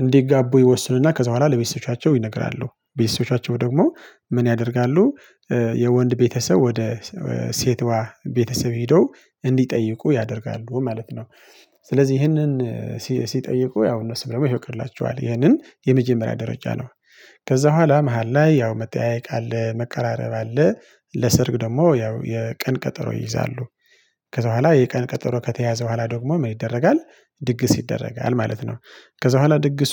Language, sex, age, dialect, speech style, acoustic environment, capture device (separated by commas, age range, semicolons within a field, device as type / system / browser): Amharic, male, 25-34, Ethiopia - Tigray, relaxed, people talking, mobile / Linux / Chrome